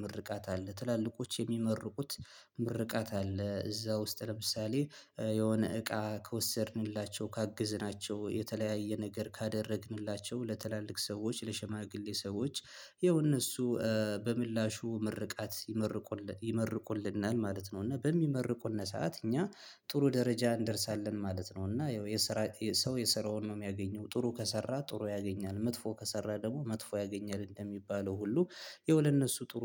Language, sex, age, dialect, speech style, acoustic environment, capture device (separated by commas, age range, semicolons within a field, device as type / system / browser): Amharic, male, 25-34, Ethiopia - Gondar, happy, silence, mobile / Linux / Chrome